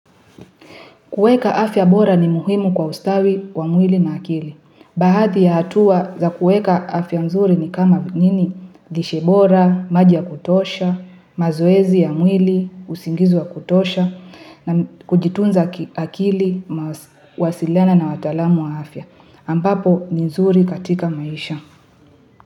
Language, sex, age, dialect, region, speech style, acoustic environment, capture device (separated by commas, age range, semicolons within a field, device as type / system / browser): Swahili, female, 18-24, Kenya - Nairobi Sheng-influenced, Kenya, happy, people talking; music; traffic, mobile / Linux / Chrome